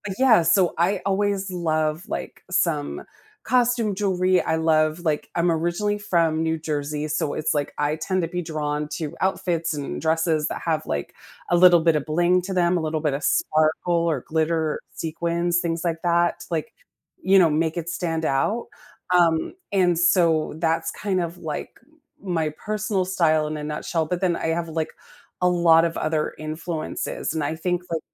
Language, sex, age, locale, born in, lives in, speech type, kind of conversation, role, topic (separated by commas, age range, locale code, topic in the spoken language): English, female, 45-49, en-US, United States, United States, conversation, podcast, guest, What factors help shape a person's unique sense of style over time?